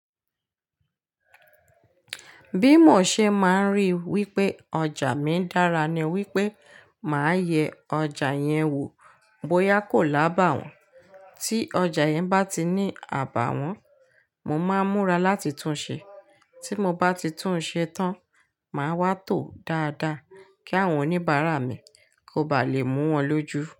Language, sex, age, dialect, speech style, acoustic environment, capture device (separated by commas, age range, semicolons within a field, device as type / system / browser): Yoruba, female, 35-44, Nigeria - Ibadan, relaxed, silence, mobile / Linux / Chrome